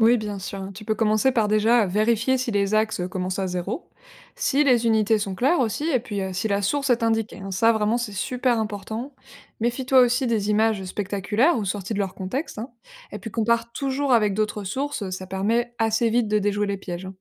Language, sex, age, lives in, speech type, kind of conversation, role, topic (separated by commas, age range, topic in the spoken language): French, female, 25-29, France, read, expert-assistant, expert, Comment reconnaître si une information sur le changement climatique est vraiment fiable ?